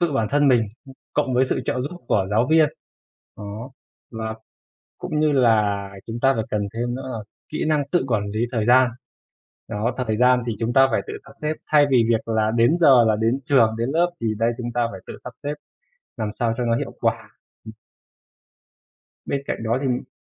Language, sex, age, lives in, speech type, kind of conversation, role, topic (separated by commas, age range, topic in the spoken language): Vietnamese, male, 25-29, Vietnam, conversation, unstructured, none, Bạn nghĩ thế nào về việc học trực tuyến so với học truyền thống?